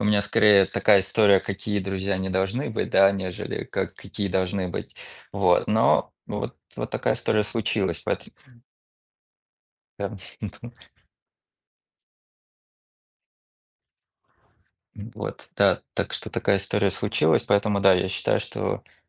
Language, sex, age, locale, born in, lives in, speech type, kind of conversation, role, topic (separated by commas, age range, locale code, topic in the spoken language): Russian, male, 30-34, ru-RU, Russia, United States, conversation, unstructured, none, Что для тебя значит слово «дружба»?